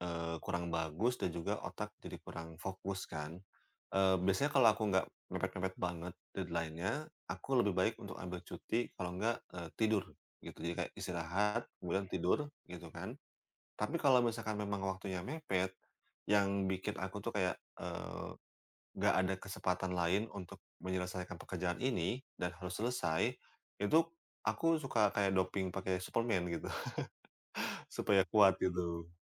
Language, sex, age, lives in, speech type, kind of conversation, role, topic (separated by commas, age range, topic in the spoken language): Indonesian, male, 30-34, Indonesia, conversation, podcast, guest, Gimana biasanya kamu nemu inspirasi buat bikin sesuatu baru?